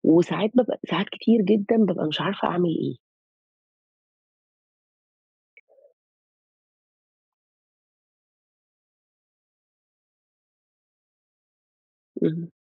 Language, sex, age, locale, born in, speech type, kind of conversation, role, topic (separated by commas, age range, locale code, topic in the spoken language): Arabic, female, 35-39, ar-EG, Saudi Arabia, conversation, advice, user, إزاي ضغط رعاية مريض أو حد من العيلة بيخليك تحس بتوتر؟